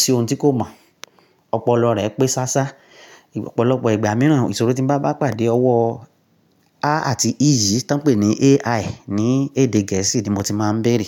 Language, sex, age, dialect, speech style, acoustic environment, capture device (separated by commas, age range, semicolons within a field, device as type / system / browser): Yoruba, male, 35-44, Nigeria - Nigerian Standard, relaxed; focused, silence, mobile / Linux / Chrome